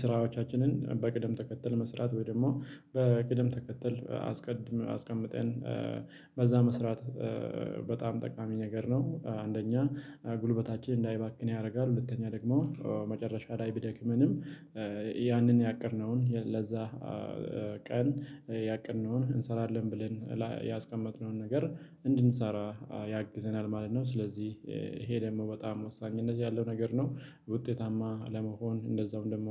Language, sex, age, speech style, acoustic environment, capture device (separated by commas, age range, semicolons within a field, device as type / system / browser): Amharic, male, 25-34, happy; relaxed; excited; focused, silence, mobile / Linux / Chrome